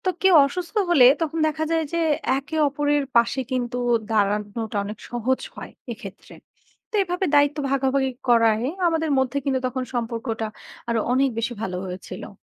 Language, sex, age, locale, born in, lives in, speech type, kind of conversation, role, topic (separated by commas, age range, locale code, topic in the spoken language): Bengali, female, 20-24, bn-BD, Bangladesh, Bangladesh, read, expert-assistant, asker, পরিবারে দায়িত্ববোধের গুরুত্ব কী?